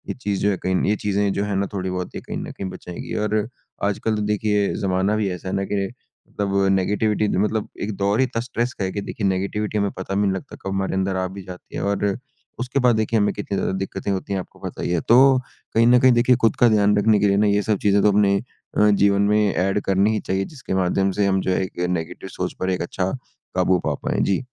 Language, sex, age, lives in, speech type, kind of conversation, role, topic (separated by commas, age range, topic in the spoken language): Hindi, male, 55-59, India, conversation, podcast, guest, नकारात्मक विचार आने पर आप क्या करते हैं?